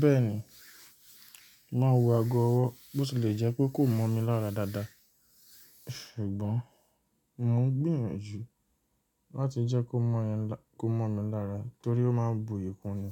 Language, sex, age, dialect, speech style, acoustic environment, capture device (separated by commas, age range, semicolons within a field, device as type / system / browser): Yoruba, male, 25-34, Nigeria - Nigerian Standard, relaxed, silence, mobile / Linux / Chrome